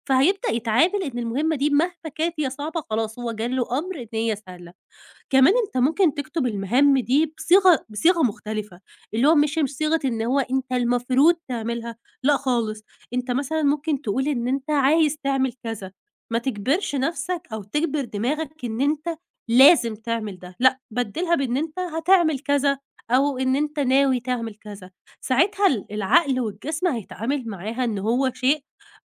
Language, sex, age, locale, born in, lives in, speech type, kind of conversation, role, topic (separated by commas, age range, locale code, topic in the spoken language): Arabic, female, 20-24, ar-EG, Egypt, Egypt, conversation, advice, advisor, إزاي بتتعامل مع التسويف المستمر وتأجيل الحاجات البسيطة؟